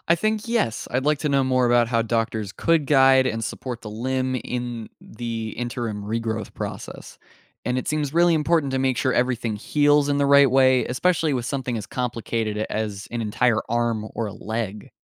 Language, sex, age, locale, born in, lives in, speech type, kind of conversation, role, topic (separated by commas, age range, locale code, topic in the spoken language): English, male, 18-19, en-US, United States, United States, read, expert-assistant, asker, How would life change if humans could regrow limbs?